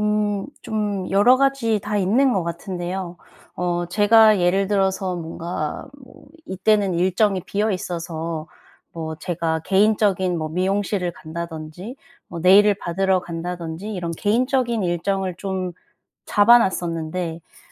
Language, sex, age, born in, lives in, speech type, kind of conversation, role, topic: Korean, female, 30-34, South Korea, South Korea, conversation, advice, user, 자주 바뀌는 일정과 잦은 취소 때문에 스트레스를 받을 때 어떻게 대처하면 좋을까요?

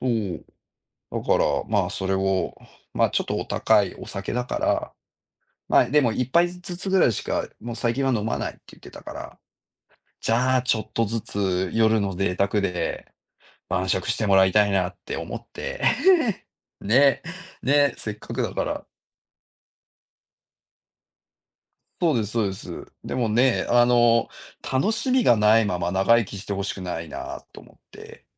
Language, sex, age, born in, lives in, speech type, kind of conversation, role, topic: Japanese, male, 40-44, Japan, Japan, conversation, unstructured, none, 失敗から学んだことは何ですか？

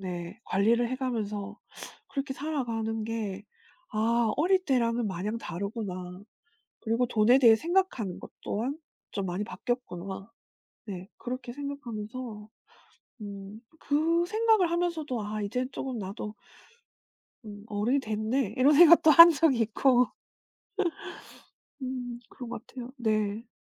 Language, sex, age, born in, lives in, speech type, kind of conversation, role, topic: Korean, female, 40-44, South Korea, South Korea, conversation, podcast, guest, 어른이 되었다고 실감했던 순간은 언제였나요?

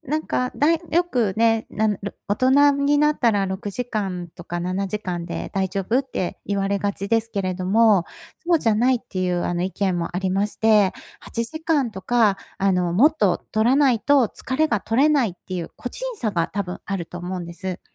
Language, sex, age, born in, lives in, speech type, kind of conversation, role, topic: Japanese, female, 50-54, Japan, Japan, conversation, advice, advisor, 仕事と休息のバランスをうまく取るにはどうすればいいですか？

